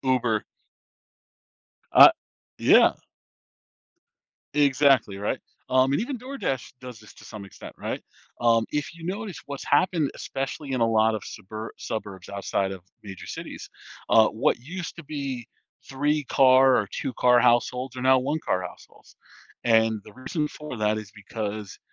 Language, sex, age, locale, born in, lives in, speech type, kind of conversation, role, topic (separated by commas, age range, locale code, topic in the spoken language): English, male, 40-44, en-US, United States, United States, conversation, podcast, guest, What are some effective ways individuals and communities can work together to protect the environment?